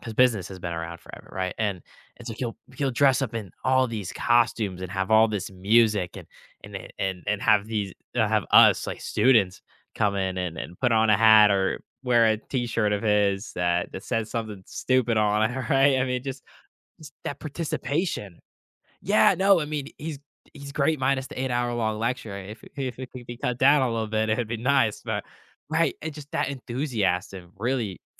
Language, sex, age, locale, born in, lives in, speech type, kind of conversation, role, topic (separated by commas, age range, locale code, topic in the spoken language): English, male, 18-19, en-US, United States, United States, conversation, podcast, host, What are some ways to make learning more engaging and enjoyable for everyone?